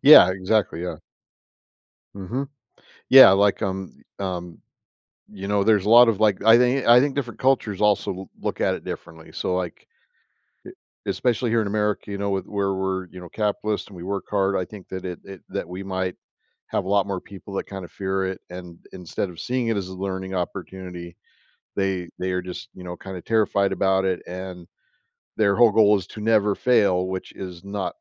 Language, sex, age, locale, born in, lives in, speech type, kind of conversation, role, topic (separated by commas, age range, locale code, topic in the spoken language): English, male, 50-54, en-US, United States, United States, conversation, podcast, guest, How can experiencing failure help us learn and become stronger?